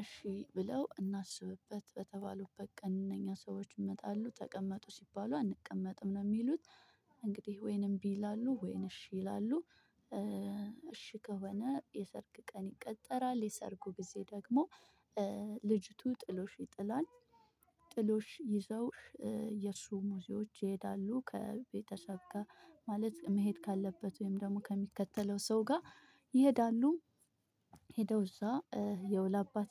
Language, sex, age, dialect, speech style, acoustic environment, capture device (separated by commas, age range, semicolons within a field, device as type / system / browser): Amharic, female, 25-34, Ethiopia - Addis Ababa, happy, people talking, mobile / Linux / Chrome